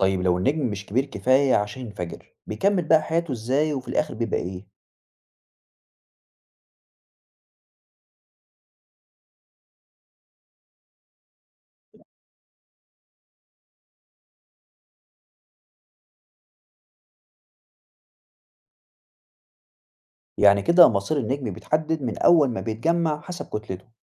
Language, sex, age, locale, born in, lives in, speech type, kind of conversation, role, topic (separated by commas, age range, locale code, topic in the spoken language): Arabic, male, 20-24, ar-EG, Egypt, Egypt, read, expert-assistant, asker, إزاي بتبدأ حياة النجوم وليه بتخلص بشكل درامي زي السوبرنوفا؟